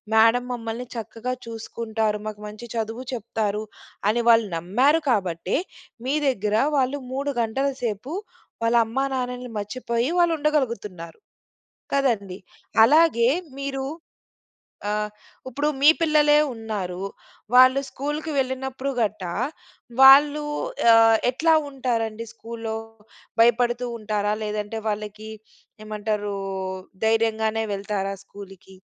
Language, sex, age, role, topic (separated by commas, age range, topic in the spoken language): Telugu, female, 35-39, host, మీరు నమ్మకాన్ని ఎలా నిర్మించుకుంటారు?